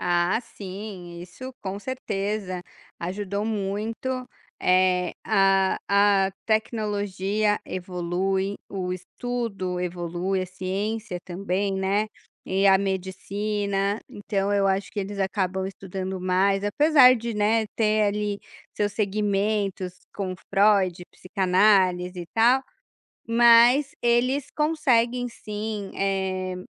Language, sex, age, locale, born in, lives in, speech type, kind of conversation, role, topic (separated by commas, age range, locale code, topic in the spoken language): Portuguese, female, 35-39, pt-BR, Brazil, Portugal, conversation, podcast, guest, Como a escuta ativa muda uma conversa na prática?